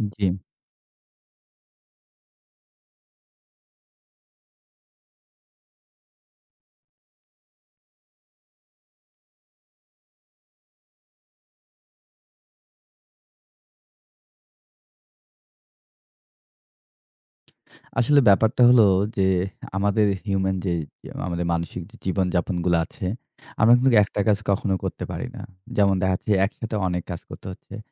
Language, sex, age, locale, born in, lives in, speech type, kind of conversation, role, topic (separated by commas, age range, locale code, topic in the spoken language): Bengali, male, 25-29, bn-BD, Bangladesh, Bangladesh, conversation, advice, user, একসাথে অনেক দায়িত্ব নিলে কেন সময়ই পাওয়া যায় না?